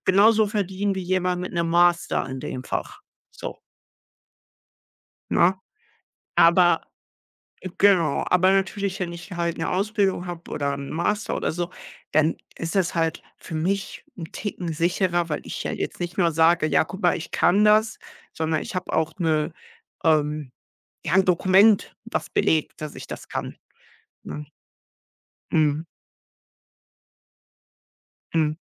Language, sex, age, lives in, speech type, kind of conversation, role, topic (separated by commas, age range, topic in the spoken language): German, male, 18-19, Germany, conversation, unstructured, none, Wie gehst du im Alltag mit Stress um?